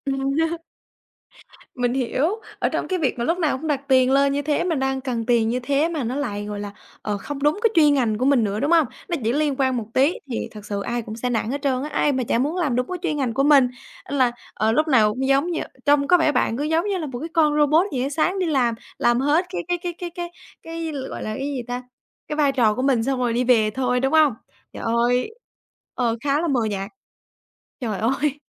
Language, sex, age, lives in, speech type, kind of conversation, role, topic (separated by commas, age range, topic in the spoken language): Vietnamese, female, 20-24, Vietnam, conversation, podcast, host, Bạn đã từng chọn công việc vì tiền hay vì ý nghĩa?